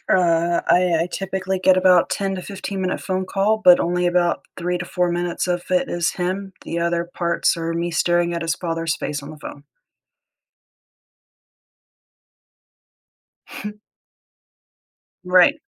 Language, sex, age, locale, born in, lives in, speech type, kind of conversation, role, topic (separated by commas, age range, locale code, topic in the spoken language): English, female, 30-34, en-US, United States, United States, conversation, advice, user, How should I cope with intense grief?